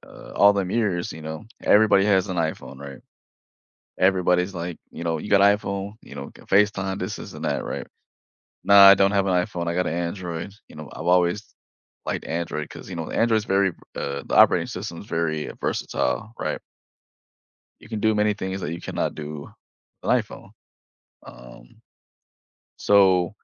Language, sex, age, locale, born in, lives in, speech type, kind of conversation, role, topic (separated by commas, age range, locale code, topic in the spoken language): English, male, 25-29, en-US, United States, United States, conversation, unstructured, none, What surprised you most when you switched from iOS to Android, and how did it change your everyday life?